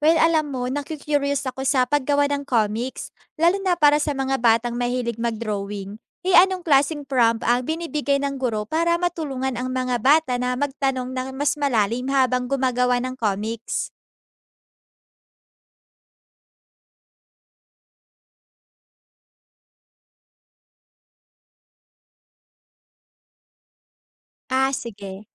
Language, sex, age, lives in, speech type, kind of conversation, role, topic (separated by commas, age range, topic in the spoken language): Filipino, female, 20-24, Philippines, read, expert-assistant, asker, May paraan ba para mas maintindihan natin kung paano nagsimula ang uniberso maliban sa teoryang Big Bang?